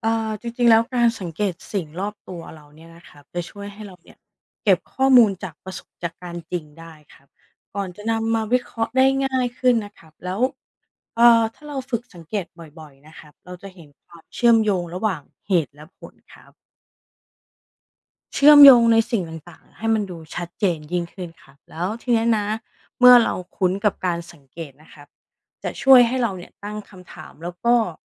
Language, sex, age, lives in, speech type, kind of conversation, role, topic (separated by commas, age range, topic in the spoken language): Thai, female, 35-39, Thailand, read, expert-assistant, expert, การฝึกสังเกตสิ่งรอบตัวช่วยให้คิดวิเคราะห์เก่งขึ้นอย่างไร